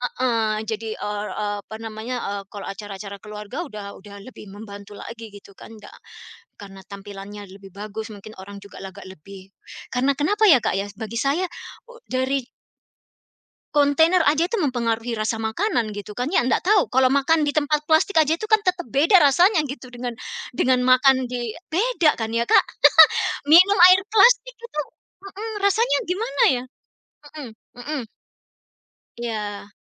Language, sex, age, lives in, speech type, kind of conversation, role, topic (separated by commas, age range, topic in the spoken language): Indonesian, female, 45-49, United States, conversation, unstructured, none, Bagaimana cara memengaruhi orang lain agar lebih peduli terhadap lingkungan?